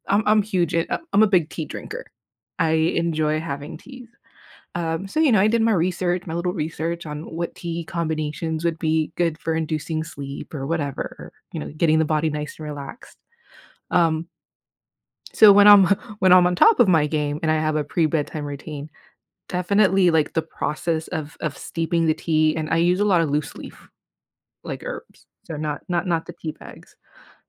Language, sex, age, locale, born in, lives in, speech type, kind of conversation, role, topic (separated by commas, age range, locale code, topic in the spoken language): English, female, 35-39, en-US, United States, United States, conversation, podcast, host, How can small changes in your daily routine lead to better sleep quality?